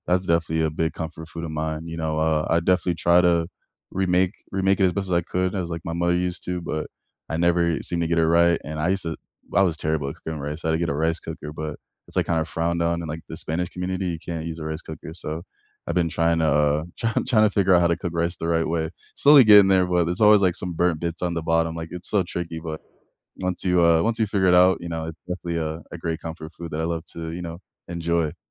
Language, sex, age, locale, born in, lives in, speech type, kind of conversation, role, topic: English, male, 25-29, en-US, United States, United States, conversation, unstructured, none, What comfort food do you love, and why does it matter?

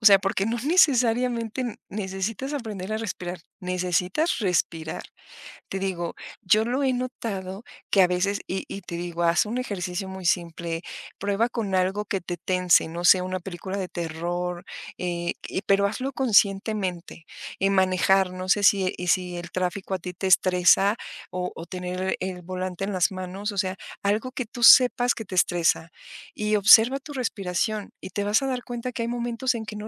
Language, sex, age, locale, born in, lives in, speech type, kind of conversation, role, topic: Spanish, female, 45-49, es-MX, Mexico, Mexico, conversation, podcast, guest, ¿Cómo crearías una rutina antiestrés para principiantes?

